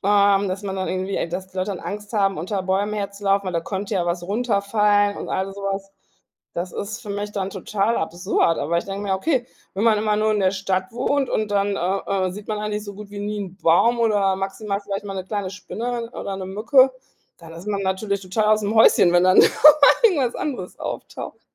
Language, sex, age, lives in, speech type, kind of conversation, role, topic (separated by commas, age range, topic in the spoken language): German, female, 40-44, Germany, conversation, podcast, host, Was nimmst du aus der Natur mit in deinen Alltag?